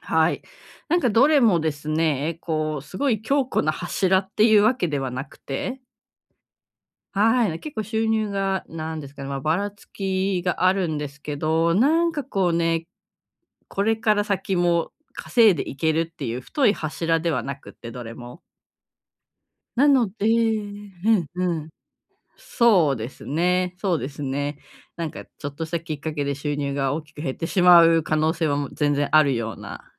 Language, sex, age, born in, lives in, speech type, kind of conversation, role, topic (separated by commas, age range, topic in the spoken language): Japanese, female, 35-39, Japan, Japan, conversation, advice, user, 将来への不安を計画に変える